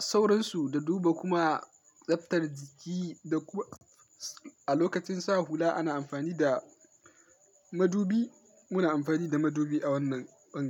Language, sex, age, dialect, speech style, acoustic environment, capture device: Hausa, male, 18-24, Nigeria - Kano, happy; focused, nature; silence, mobile / Linux / Chrome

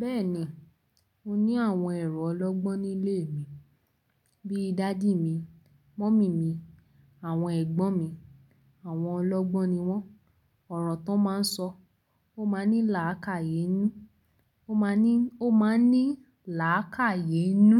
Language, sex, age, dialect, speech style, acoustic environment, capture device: Yoruba, female, 18-24, Nigeria - Lagos Yoruba, relaxed; focused, nature, mobile / Linux / Chrome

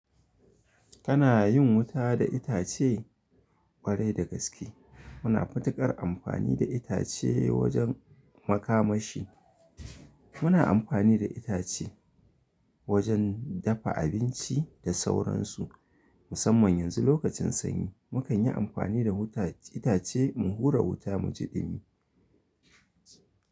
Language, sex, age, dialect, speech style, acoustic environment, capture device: Hausa, male, 25-34, Nigeria - Kano, happy, silence, mobile / Linux / Chrome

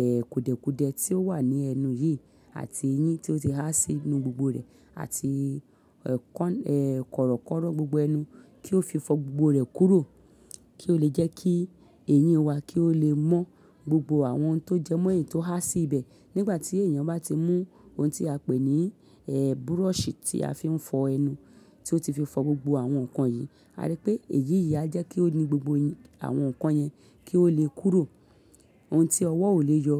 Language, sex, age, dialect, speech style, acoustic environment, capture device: Yoruba, female, 35-44, Nigeria - Lagos Yoruba, happy; excited; focused, silence, mobile / Linux / Chrome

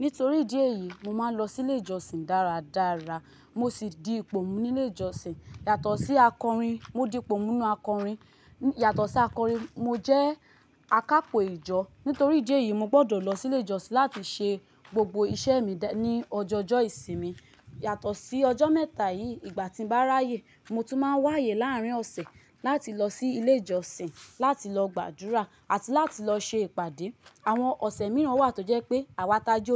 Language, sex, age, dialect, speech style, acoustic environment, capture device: Yoruba, female, 25-34, Nigeria - Lagos, happy, nature, mobile / Linux / Chrome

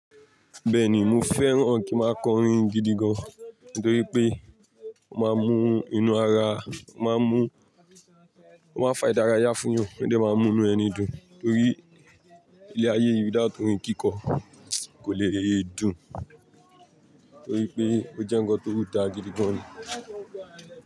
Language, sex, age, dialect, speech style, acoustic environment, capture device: Yoruba, male, 18-24, Nigeria - Lagos Yoruba, happy, people talking, mobile / Linux / Chrome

